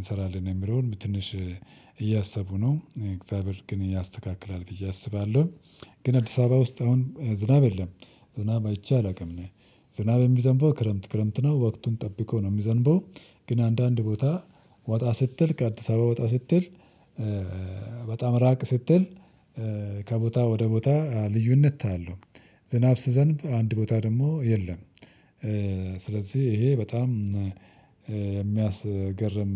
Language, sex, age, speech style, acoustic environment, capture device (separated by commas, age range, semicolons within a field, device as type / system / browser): Amharic, male, 35-44, relaxed; focused, silence, mobile / Linux / Chrome